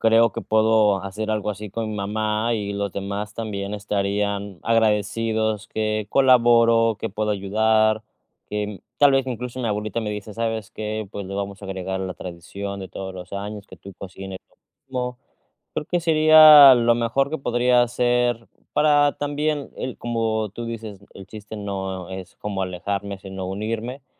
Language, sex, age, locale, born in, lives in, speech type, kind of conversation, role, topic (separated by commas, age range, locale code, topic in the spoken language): Spanish, male, 30-34, es-MX, Mexico, Mexico, conversation, advice, user, ¿Cómo puedo conciliar las tradiciones familiares con mis decisiones personales?